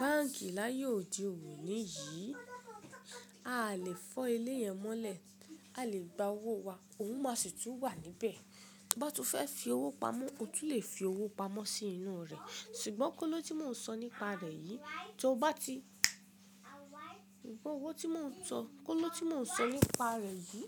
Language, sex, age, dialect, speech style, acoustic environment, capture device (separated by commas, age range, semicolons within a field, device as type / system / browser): Yoruba, female, 25-34, Nigeria - Ibadan, relaxed, people talking, mobile / Linux / Chrome